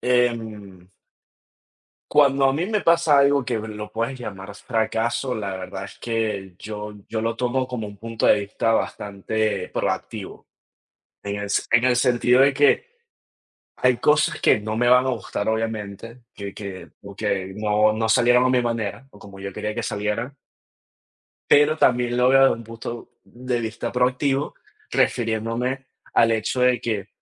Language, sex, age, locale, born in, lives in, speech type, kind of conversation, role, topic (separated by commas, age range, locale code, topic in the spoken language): Spanish, male, 20-24, es-VE, Venezuela, United States, conversation, unstructured, none, ¿Qué te enseña el fracaso?